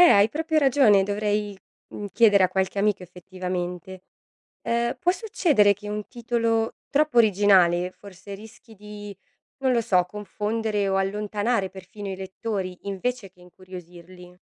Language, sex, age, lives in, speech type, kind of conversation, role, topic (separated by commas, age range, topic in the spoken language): Italian, female, 30-34, Italy, read, expert-assistant, asker, Perché la scelta di un titolo è importante per il successo di un libro?